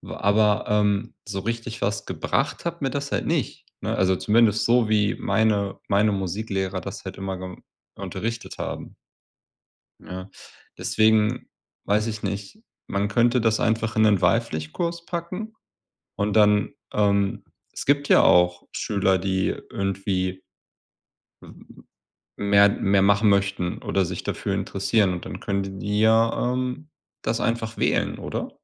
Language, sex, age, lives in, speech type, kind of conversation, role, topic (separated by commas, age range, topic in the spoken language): German, male, 30-34, Germany, conversation, unstructured, none, Ist das Schulsystem deiner Meinung nach zu altmodisch?